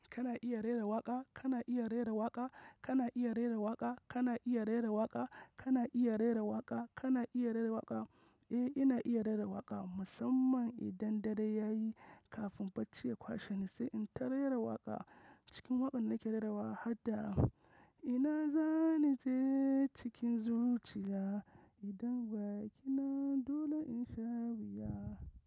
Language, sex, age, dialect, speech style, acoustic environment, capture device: Hausa, male, 25-34, Nigeria - Kano, focused, nature; silence, mobile / macOS / Safari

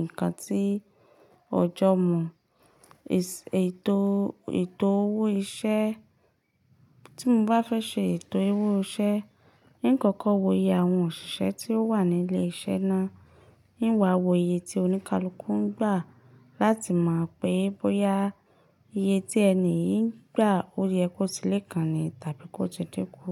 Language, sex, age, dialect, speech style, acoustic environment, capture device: Yoruba, female, 25-34, Nigeria - Ibadan, focused, silence, mobile / Linux / Chrome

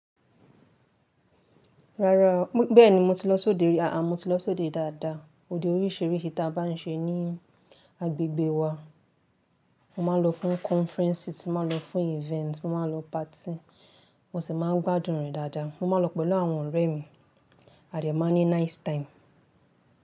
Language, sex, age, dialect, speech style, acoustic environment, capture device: Yoruba, female, 18-24, Nigeria - Lagos Yoruba, relaxed, nature, mobile / Linux / Chrome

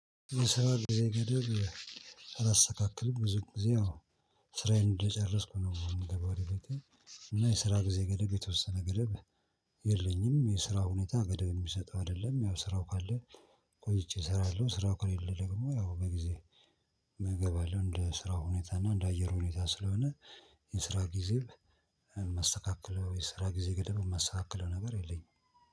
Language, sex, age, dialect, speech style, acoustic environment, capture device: Amharic, male, 45-54, Ethiopia - Addis Ababa, tired, people talking, mobile / Linux / Chrome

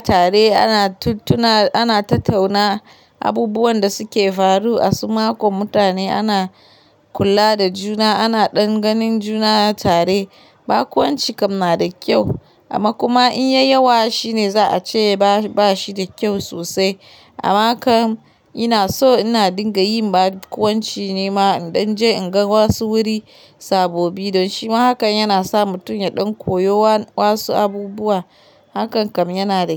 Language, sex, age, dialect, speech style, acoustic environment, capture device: Hausa, female, 25-34, Nigeria - Kano, happy; relaxed; focused, silence, mobile / Linux / Chrome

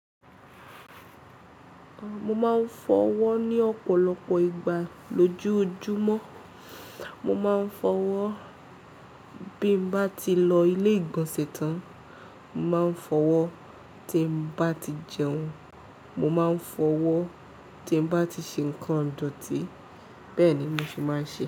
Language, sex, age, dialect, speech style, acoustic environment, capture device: Yoruba, female, 18-24, Nigeria - Ibadan, focused, silence; people talking; nature, mobile / Linux / Chrome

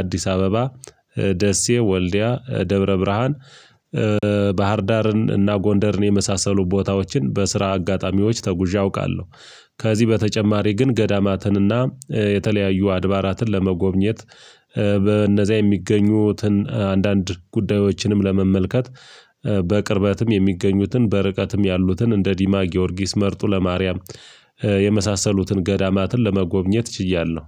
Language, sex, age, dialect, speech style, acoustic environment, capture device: Amharic, male, 35-44, Ethiopia - Gojjam, relaxed; excited, silence, mobile / Linux / Chrome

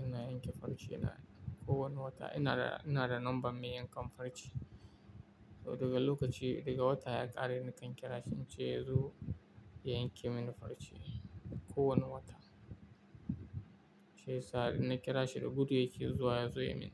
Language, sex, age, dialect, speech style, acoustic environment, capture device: Hausa, male, 18-24, Nigeria - Kano, happy, silence, mobile / Linux / Chrome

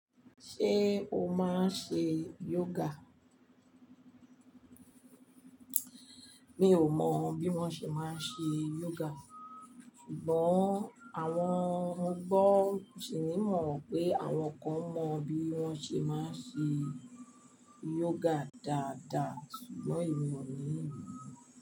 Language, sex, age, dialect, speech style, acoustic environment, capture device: Yoruba, female, 45-54, Nigeria - Lagos Yoruba, relaxed, people talking, mobile / Linux / Chrome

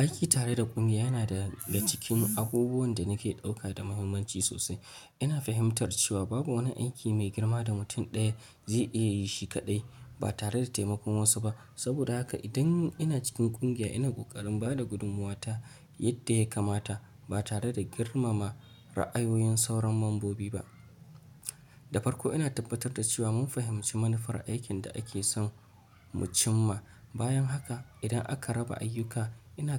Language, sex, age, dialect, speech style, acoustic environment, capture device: Hausa, male, 25-34, Nigeria - Nigerian Standard, excited; focused, nature; appliances, mobile / Linux / Chrome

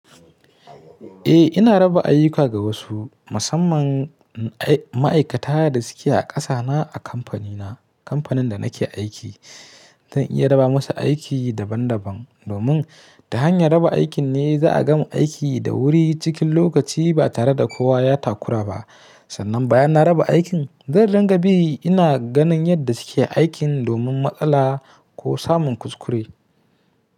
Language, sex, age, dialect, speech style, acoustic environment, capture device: Hausa, male, 25-34, Nigeria - Kano, happy, people talking; nature, mobile / Linux / Chrome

